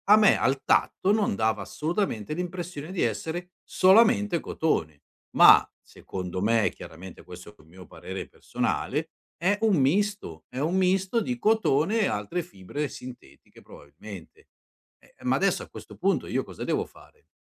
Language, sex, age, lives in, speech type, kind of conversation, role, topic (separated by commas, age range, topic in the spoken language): Italian, male, 50-54, Italy, conversation, advice, user, Come posso ottenere un rimborso o fare un reso se i vestiti comprati online sono di scarsa qualità?